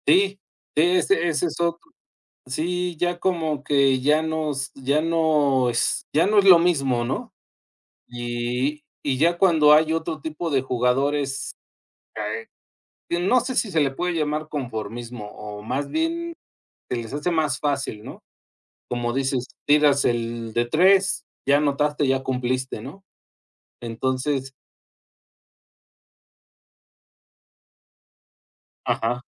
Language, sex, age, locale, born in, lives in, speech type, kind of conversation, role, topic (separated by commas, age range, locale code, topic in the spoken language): Spanish, male, 50-54, es-MX, Mexico, Mexico, conversation, unstructured, none, ¿Cuál es tu pasatiempo favorito y por qué te gusta tanto?